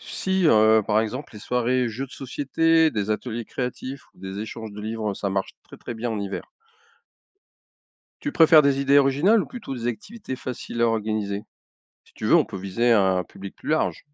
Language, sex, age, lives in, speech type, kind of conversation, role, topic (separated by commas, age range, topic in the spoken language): French, male, 55-59, France, read, expert-assistant, expert, Quelles idées originales pourraient transformer une rue banale en lieu de vie animé ?